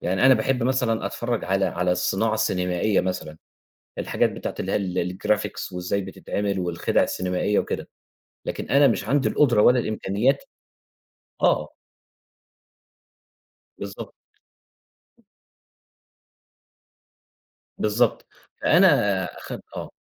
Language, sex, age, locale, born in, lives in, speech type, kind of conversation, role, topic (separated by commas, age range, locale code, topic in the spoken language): Arabic, male, 30-34, ar-EG, Egypt, Egypt, conversation, podcast, guest, ازاي بتنظم وقتك وأنت بتتعلم لوحدك؟